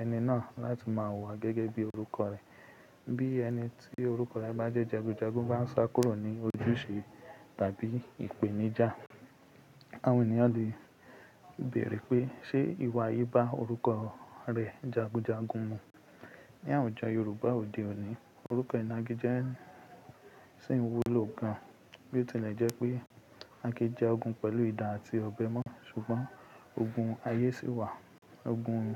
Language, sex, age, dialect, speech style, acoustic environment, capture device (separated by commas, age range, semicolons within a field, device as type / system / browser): Yoruba, male, 25-34, Nigeria - Ibadan, relaxed; focused, people talking; appliances; nature, mobile / Linux / Chrome